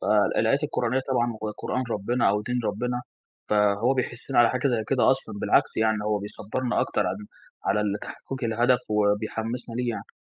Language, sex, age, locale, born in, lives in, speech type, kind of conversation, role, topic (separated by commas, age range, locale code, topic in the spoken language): Arabic, male, 20-24, ar-EG, Egypt, Egypt, conversation, podcast, guest, إزاي تقنع نفسك إنك تصبر عشان توصل لهدف بعيد؟